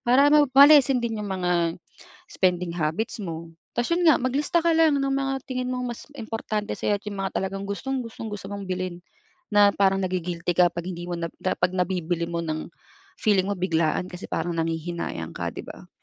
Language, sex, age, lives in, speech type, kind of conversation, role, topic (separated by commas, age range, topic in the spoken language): Filipino, female, 35-39, Philippines, conversation, advice, advisor, Paano ko haharapin ang biglaang lumaking utang sa aking credit card dahil sa labis na paggastos?